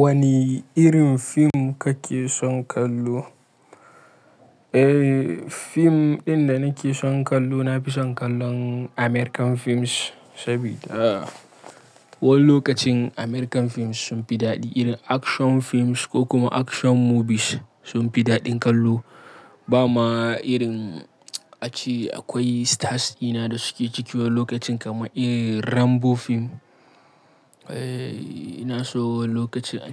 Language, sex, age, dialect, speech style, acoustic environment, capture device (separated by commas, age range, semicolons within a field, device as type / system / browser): Hausa, male, 25-34, Nigeria - Kano, happy; relaxed, silence, mobile / Linux / Chrome